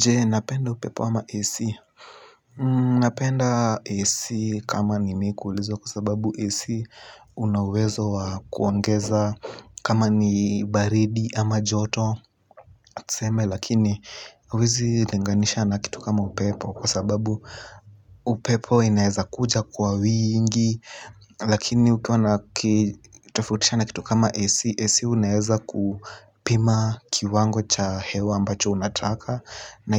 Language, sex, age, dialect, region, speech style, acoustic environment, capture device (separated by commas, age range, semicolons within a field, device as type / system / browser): Swahili, male, 18-24, Kenya - Nairobi Sheng-influenced, Kenya, relaxed, silence, mobile / Linux / Chrome